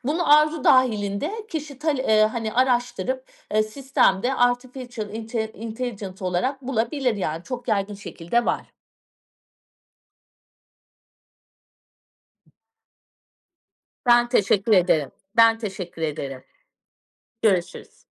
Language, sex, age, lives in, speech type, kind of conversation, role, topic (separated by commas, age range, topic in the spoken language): Turkish, female, 40-44, Portugal, conversation, podcast, guest, Teknolojinin öğrenme üzerindeki etkisini nasıl değerlendiriyorsun?